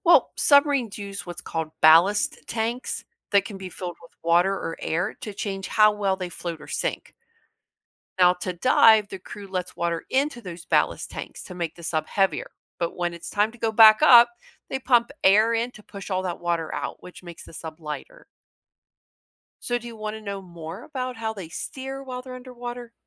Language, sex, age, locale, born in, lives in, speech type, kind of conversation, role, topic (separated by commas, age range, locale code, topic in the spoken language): English, female, 45-49, en-US, United States, United States, read, expert-assistant, expert, How does a submarine dive and surface?